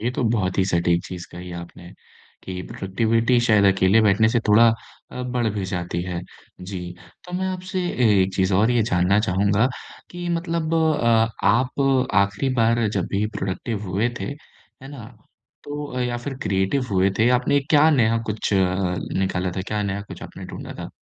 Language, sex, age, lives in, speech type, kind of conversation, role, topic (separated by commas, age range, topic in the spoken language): Hindi, male, 25-29, India, conversation, podcast, host, Tumhe creative flow mein sabse zyada productivity kab feel hoti hai?